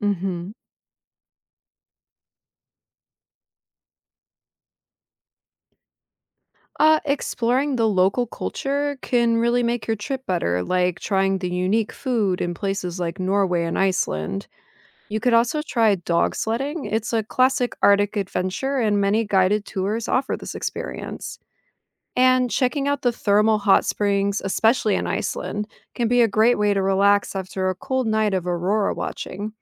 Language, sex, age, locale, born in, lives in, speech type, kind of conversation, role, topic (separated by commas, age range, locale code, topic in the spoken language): English, female, 30-34, en-US, United States, United States, read, expert-assistant, expert, What causes the northern lights?